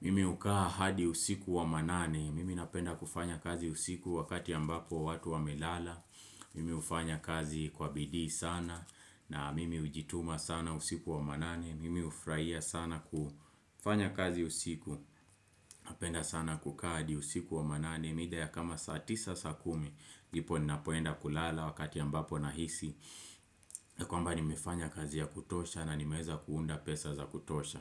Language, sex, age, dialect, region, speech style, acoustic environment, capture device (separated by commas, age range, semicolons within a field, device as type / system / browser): Swahili, male, 25-34, Kenya - Nairobi Sheng-influenced, Kenya, relaxed, silence, desktop / Windows / Chrome